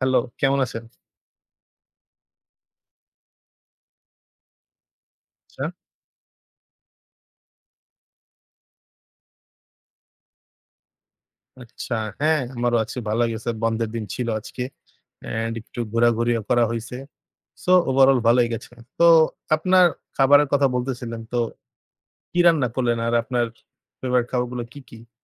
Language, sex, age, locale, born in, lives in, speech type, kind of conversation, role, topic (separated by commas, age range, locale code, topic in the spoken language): Bengali, male, 20-24, bn-BD, Bangladesh, Bangladesh, conversation, unstructured, none, আপনার সবচেয়ে প্রিয় খাবার কী এবং কেন?